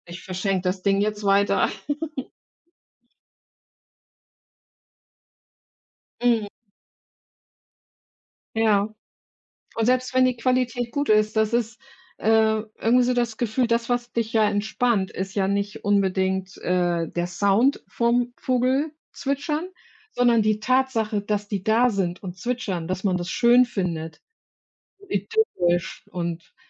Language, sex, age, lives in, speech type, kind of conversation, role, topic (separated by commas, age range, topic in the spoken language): German, female, 55-59, Germany, conversation, unstructured, none, Wie beeinflusst Musik deine Stimmung im Alltag?